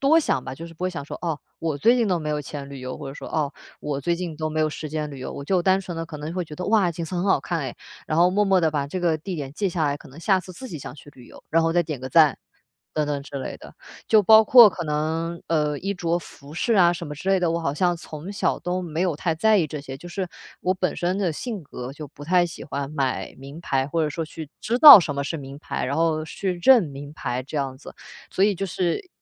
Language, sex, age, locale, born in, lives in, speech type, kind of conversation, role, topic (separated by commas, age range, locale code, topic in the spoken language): Chinese, female, 25-29, zh-CN, China, Germany, conversation, podcast, guest, 你怎样从比较中抽身出来？